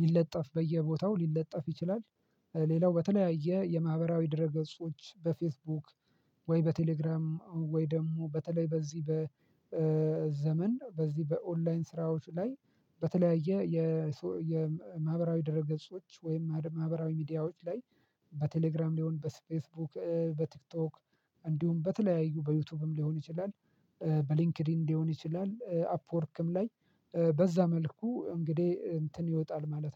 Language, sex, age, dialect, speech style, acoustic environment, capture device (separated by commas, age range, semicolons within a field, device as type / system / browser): Amharic, male, 25-34, Ethiopia - Addis Ababa, happy; relaxed; excited; focused, silence, mobile / Linux / Chrome